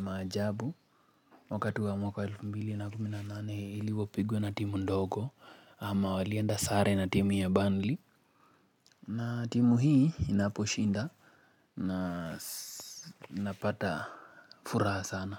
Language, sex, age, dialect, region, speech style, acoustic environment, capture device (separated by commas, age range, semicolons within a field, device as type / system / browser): Swahili, male, 25-34, Kenya - Nairobi Sheng-influenced, Kenya, focused; relaxed, nature, mobile / Linux / Chrome